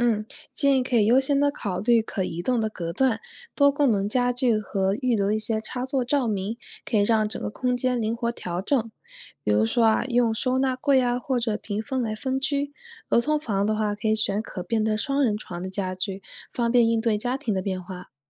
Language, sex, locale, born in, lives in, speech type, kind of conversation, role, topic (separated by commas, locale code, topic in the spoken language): Chinese, female, zh-CN, China, United States, read, expert-assistant, expert, 在选择家居装饰风格时，如何平衡个人审美与家庭成员的不同喜好？